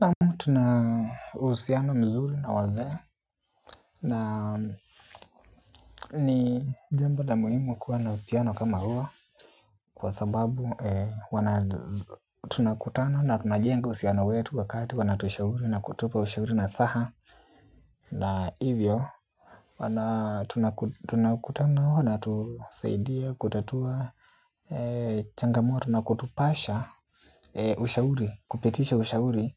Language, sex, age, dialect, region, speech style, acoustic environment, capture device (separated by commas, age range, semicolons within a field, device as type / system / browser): Swahili, male, 18-24, Kenya - Nairobi Sheng-influenced, Kenya, happy, appliances, mobile / Linux / Chrome